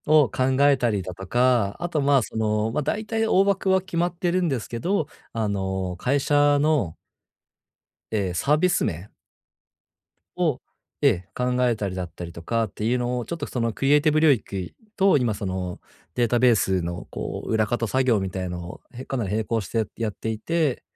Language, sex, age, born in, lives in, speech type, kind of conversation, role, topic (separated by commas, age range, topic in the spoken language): Japanese, male, 30-34, Japan, Japan, conversation, advice, user, 進捗を可視化してモチベーションを保つ方法